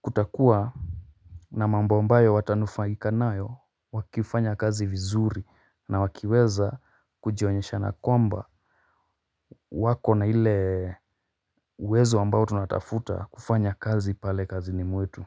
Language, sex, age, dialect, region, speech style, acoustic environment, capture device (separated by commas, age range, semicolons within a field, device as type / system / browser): Swahili, male, 25-34, Kenya - Mombasa, Kenya, relaxed, silence, mobile / Linux / Chrome